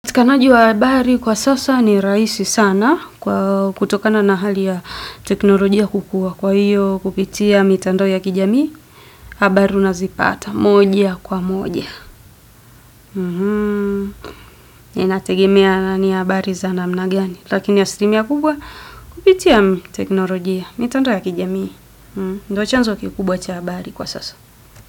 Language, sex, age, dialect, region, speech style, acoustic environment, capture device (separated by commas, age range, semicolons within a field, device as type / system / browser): Swahili, female, 25-34, Tanzania - Dar es Salaam, Tanzania, focused, silence; music, desktop / Windows / Edge